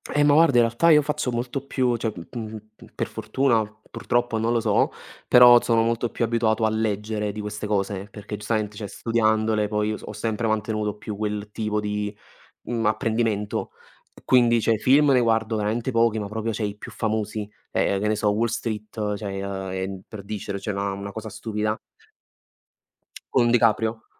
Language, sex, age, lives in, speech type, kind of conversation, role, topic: Italian, male, 20-24, Italy, conversation, unstructured, none, Qual è un sogno che vorresti realizzare un giorno?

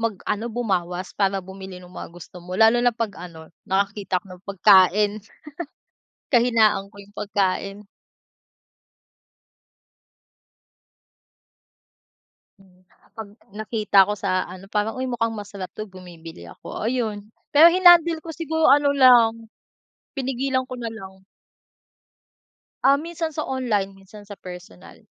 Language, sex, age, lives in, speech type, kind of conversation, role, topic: Filipino, female, 25-29, Philippines, conversation, unstructured, none, Paano mo ginagamit ang pera para makamit ang mga pangarap mo?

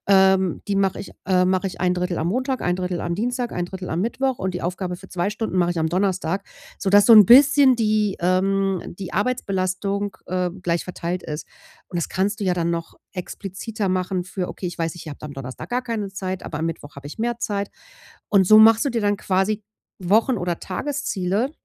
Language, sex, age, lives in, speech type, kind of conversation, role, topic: German, female, 40-44, Germany, conversation, advice, advisor, Wie kann ich besser entspannen, ohne ständig abgelenkt zu werden?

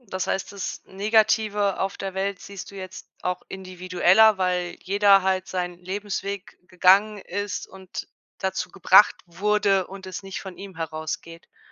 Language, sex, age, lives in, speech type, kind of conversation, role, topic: German, female, 35-39, Germany, conversation, podcast, host, Wie würdest du dein Lieblingsbuch beschreiben, und warum ist es dein Lieblingsbuch?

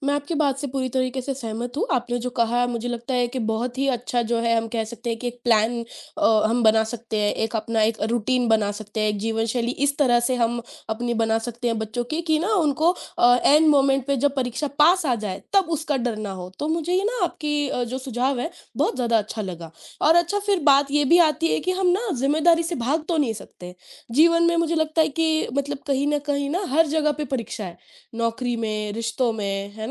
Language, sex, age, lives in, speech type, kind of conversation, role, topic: Hindi, female, 20-24, India, conversation, unstructured, none, क्या परीक्षा का दबाव छात्रों के लिए नुकसानदायक होता है?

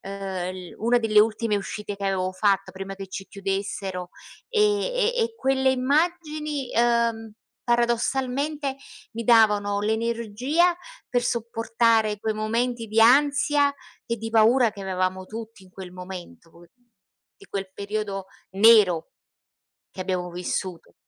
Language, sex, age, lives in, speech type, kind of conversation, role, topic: Italian, female, 55-59, Italy, conversation, unstructured, none, Qual è una storia del passato che ti fa sorridere?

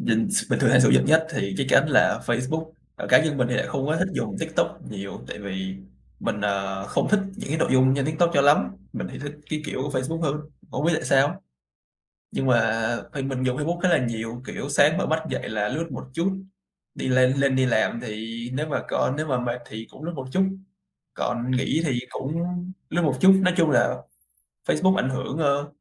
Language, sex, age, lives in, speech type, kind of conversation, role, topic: Vietnamese, male, 20-24, Vietnam, conversation, podcast, guest, Bạn sử dụng mạng xã hội như thế nào trong sinh hoạt hằng ngày?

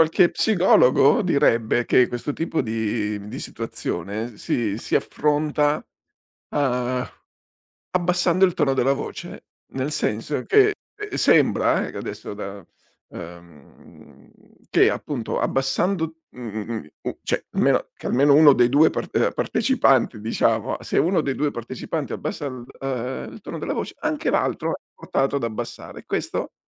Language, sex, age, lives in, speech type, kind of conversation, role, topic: Italian, male, 60-64, Italy, conversation, podcast, host, Come gestisci le emozioni durante una discussione?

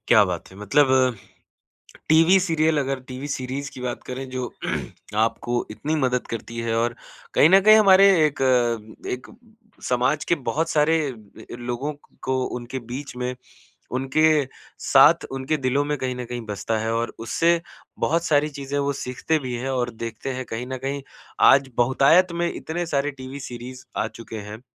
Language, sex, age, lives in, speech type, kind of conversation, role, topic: Hindi, male, 25-29, India, conversation, podcast, host, Jab tum bahut thak jaate ho, toh kaunsi TV series tumhein sabse zyada comfort deti hai?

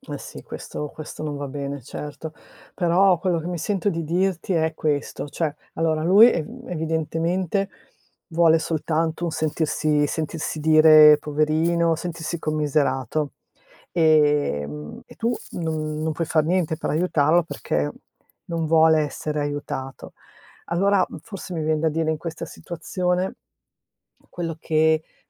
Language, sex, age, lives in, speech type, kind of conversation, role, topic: Italian, female, 55-59, Italy, conversation, advice, advisor, Come posso affrontare il fatto che il mio partner sembra distante e poco presente emotivamente?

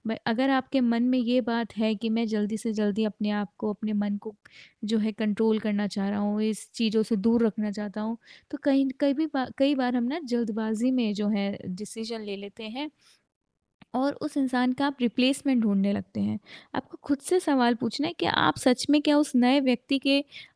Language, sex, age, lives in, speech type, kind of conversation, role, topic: Hindi, female, 25-29, India, conversation, advice, advisor, पुरानी यादों से मुक्त होकर आगे कैसे बढ़ें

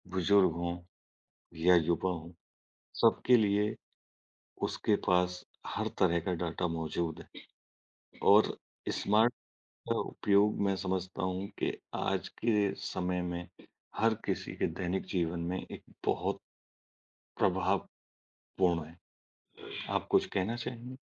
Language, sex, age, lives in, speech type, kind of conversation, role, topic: Hindi, male, 40-44, India, conversation, unstructured, none, आप अपने स्मार्टफोन का उपयोग करने में कितना समय बिताते हैं?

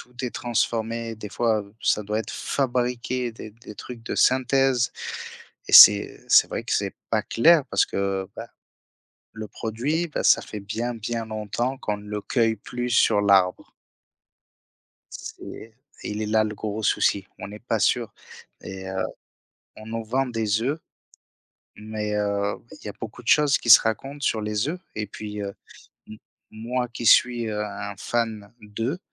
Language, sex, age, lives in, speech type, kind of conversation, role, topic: French, male, 30-34, France, conversation, unstructured, none, Peut-on faire confiance aux étiquettes alimentaires ?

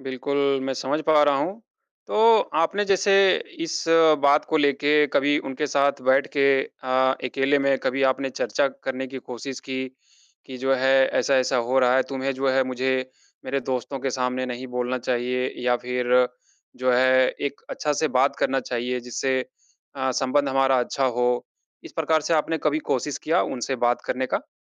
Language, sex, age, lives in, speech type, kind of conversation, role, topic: Hindi, male, 30-34, India, conversation, advice, advisor, मैं अपने रिश्ते में सीमाएँ तय करने और स्वस्थ संवाद शुरू करने के लिए क्या करूँ?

